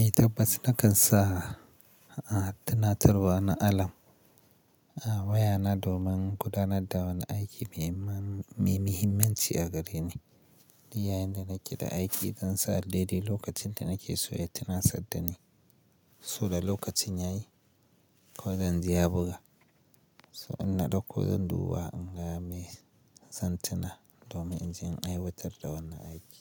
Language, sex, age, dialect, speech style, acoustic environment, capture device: Hausa, male, 25-34, Nigeria - Kano, focused, silence, mobile / Linux / Chrome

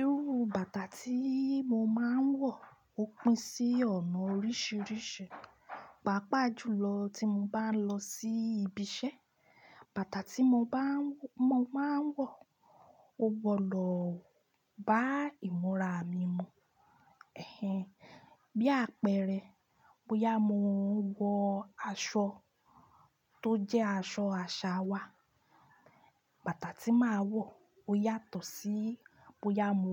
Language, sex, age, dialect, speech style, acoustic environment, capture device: Yoruba, female, 25-34, Nigeria - Ibadan, happy, construction, desktop / Linux / Chrome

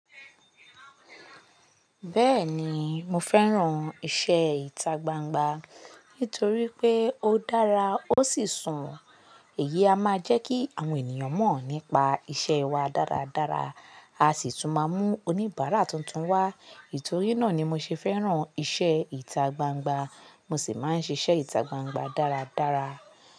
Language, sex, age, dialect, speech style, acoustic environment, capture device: Yoruba, female, 25-34, Nigeria - Lagos Yoruba, stressed, people talking, mobile / Linux / Chrome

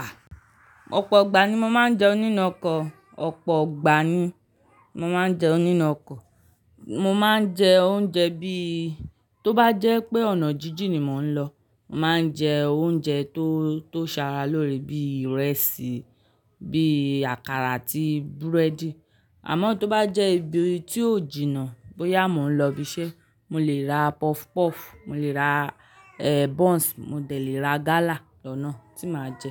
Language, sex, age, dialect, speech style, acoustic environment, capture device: Yoruba, male, 35-44, Nigeria - Lagos Yoruba, relaxed, people talking, mobile / Linux / Chrome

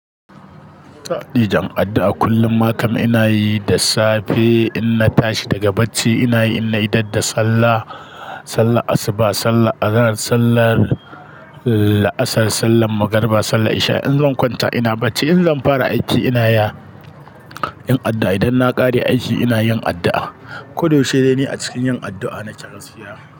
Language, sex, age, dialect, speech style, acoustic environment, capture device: Hausa, male, 35-44, Nigeria - Kano, anxious, nature, mobile / Linux / Chrome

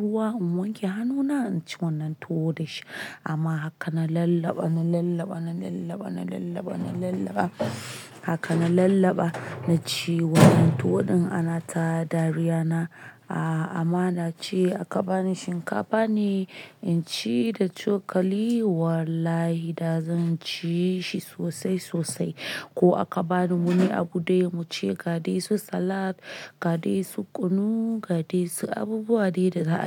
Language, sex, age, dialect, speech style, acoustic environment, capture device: Hausa, male, 25-34, Nigeria - Nigerian Standard, nervous, appliances, mobile / Linux / Chrome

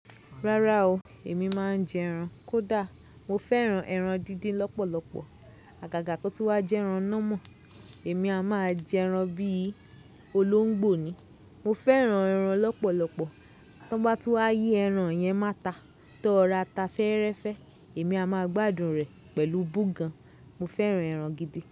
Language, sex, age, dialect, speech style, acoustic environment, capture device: Yoruba, female, 25-34, Nigeria - Lagos Yoruba, happy, music, mobile / Linux / Chrome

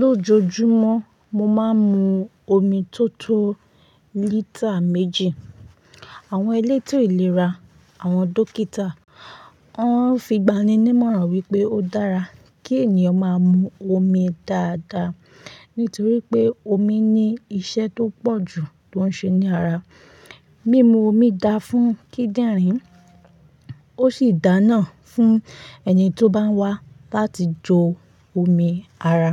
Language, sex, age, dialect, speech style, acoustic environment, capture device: Yoruba, female, 25-34, Nigeria - Ibadan, relaxed; focused, silence, mobile / Linux / Chrome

